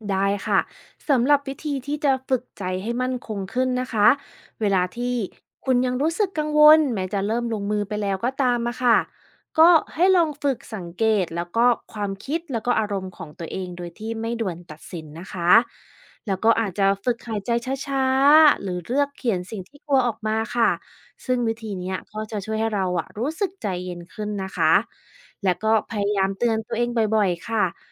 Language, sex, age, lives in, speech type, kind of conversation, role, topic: Thai, female, 35-39, United States, read, expert-assistant, expert, การเรียนรู้จากความผิดพลาดช่วยให้เติบโตได้อย่างไร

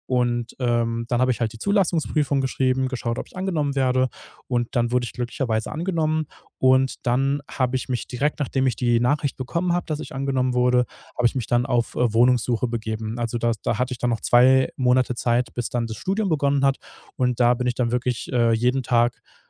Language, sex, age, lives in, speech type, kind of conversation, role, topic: German, male, 30-34, Germany, conversation, podcast, guest, Wann hast du gemerkt, dass du erwachsen bist?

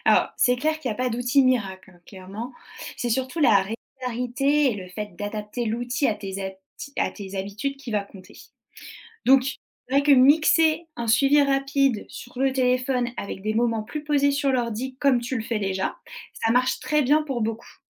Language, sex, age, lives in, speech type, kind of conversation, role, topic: French, female, 30-34, France, read, expert-assistant, expert, Comment planifier mon budget pour concrétiser un grand rêve sans me priver au quotidien ?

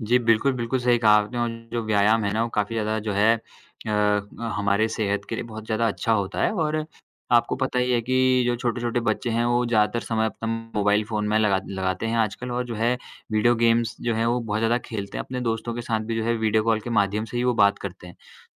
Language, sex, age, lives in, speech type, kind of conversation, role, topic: Hindi, male, 20-24, India, conversation, unstructured, none, क्या आपको लगता है कि सामाजिक माध्यम हमें करीब लाते हैं या दूर कर देते हैं?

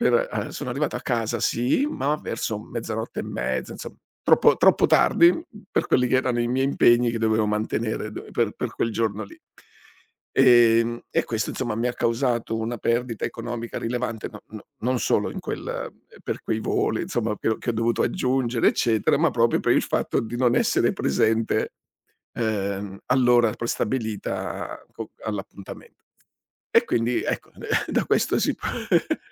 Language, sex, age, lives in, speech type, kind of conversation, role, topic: Italian, male, 60-64, Italy, conversation, podcast, guest, Che lezione hai imparato dopo aver perso un treno o un volo?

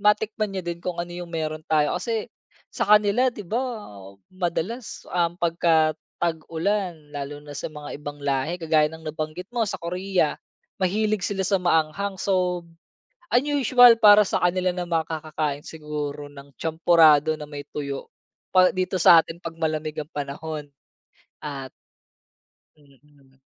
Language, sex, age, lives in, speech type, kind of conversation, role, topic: Filipino, male, 30-34, Philippines, conversation, podcast, guest, Paano nagbabago ang mga pagkaing kinakain at niluluto natin sa bawat panahon?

